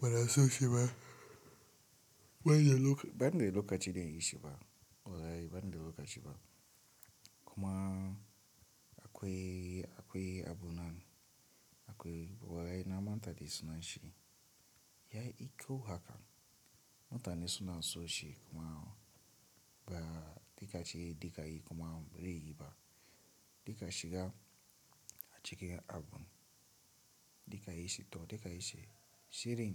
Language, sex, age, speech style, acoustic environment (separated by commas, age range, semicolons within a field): Hausa, male, 18-24, relaxed, nature; silence